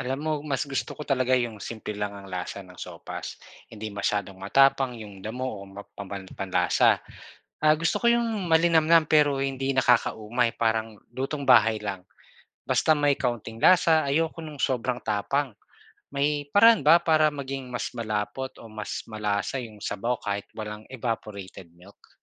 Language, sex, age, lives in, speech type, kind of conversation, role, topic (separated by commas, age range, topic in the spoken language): Filipino, male, 40-44, Philippines, read, expert-assistant, asker, Paano matutong magluto ng iba't ibang ulam kahit walang pormal na training?